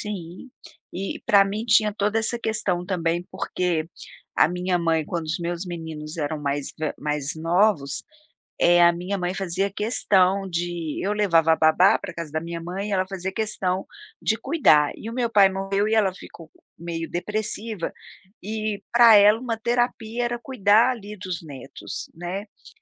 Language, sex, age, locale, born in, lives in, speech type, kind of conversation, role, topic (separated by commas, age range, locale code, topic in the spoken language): Portuguese, female, 55-59, pt-BR, Brazil, United States, conversation, podcast, guest, Como conciliar sonhos pessoais e responsabilidades do dia a dia?